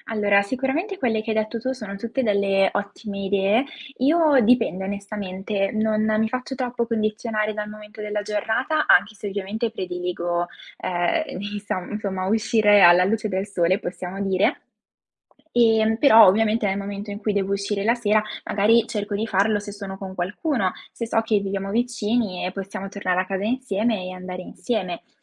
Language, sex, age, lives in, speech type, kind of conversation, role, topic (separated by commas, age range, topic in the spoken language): Italian, female, 20-24, Italy, conversation, podcast, guest, Come fai a sentirti al sicuro in una città nuova?